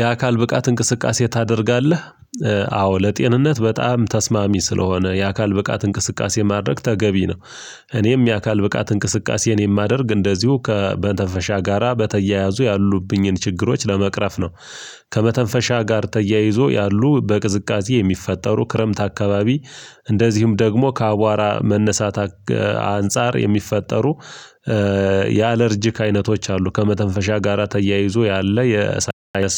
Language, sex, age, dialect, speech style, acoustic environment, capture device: Amharic, male, 35-44, Ethiopia - Gojjam, focused, silence, mobile / Linux / Chrome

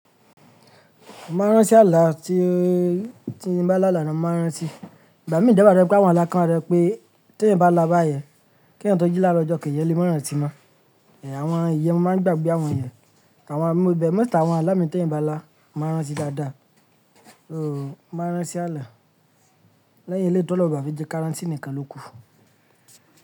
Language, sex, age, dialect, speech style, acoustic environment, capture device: Yoruba, male, 25-34, Nigeria - Lagos Yoruba, relaxed; focused; happy; excited, silence; people talking, mobile / Linux / Chrome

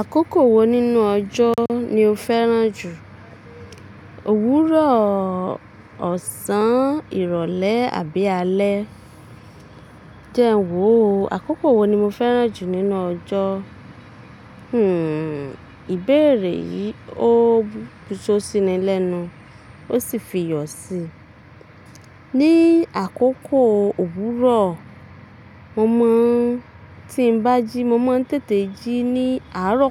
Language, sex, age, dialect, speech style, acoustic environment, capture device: Yoruba, female, 25-34, Nigeria - Ibadan, relaxed, nature, mobile / Linux / Chrome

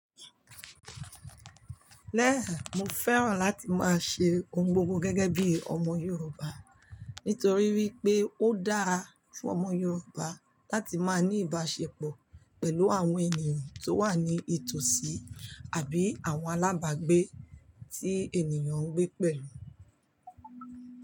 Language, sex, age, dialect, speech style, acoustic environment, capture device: Yoruba, female, 45-54, Nigeria - Lagos Yoruba, anxious, silence, mobile / Linux / Chrome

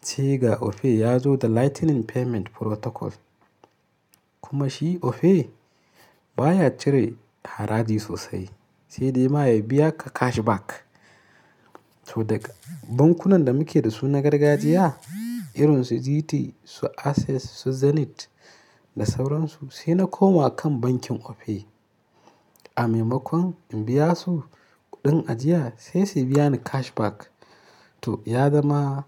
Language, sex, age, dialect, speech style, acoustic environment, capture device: Hausa, male, 25-34, Nigeria - Kano, happy, nature, mobile / Linux / Chrome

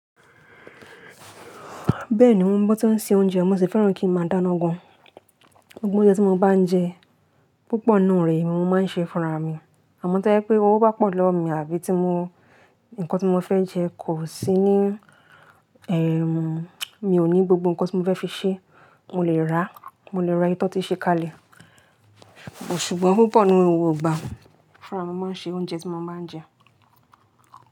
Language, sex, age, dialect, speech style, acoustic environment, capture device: Yoruba, female, 18-24, Nigeria - Lagos Yoruba, happy, appliances, mobile / Linux / Chrome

